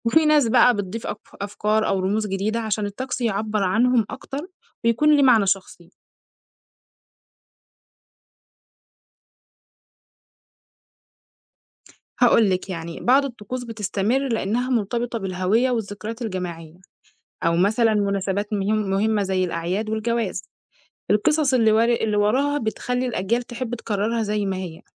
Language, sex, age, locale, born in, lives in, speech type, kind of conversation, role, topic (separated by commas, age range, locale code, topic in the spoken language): Arabic, female, 20-24, ar-EG, Egypt, Egypt, read, expert-assistant, expert, إيه سر وجود طقوس روحية مشتركة بين ثقافات وأديان مختلفة زي الصلاة أو الشموع أو المياه المقدسة؟